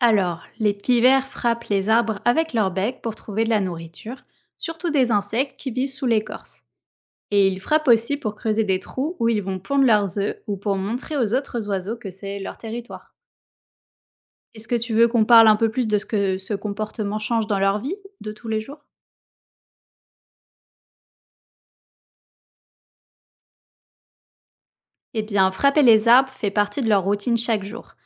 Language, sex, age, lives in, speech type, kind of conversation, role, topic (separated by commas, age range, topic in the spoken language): French, female, 30-34, France, read, expert-assistant, expert, Pourquoi les piverts frappent-ils les arbres avec leur bec ?